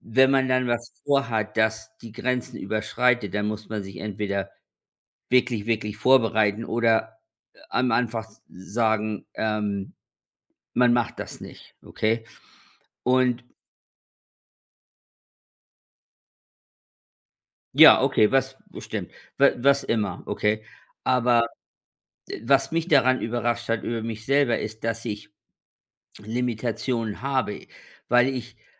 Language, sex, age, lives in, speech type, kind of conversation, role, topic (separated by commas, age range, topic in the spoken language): German, male, 55-59, United States, conversation, unstructured, none, Was war eine überraschende Erkenntnis über dich selbst?